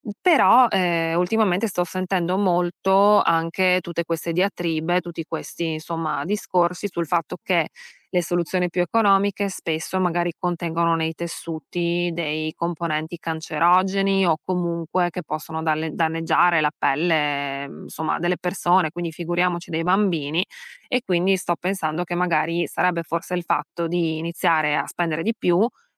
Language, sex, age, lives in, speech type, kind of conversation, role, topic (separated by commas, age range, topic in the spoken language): Italian, female, 35-39, United States, conversation, advice, user, Quando conviene scegliere un prodotto più costoso ma di qualità invece di un’alternativa economica per risparmiare?